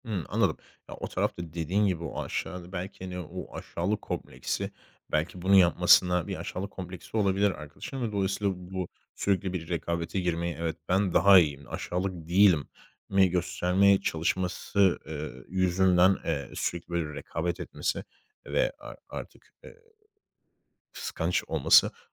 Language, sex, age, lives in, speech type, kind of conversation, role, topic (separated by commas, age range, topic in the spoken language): Turkish, male, 25-29, Spain, conversation, advice, advisor, Arkadaş grubumda sürekli rekabet ve kıskançlık içeren davranışlarla nasıl başa çıkabilirim?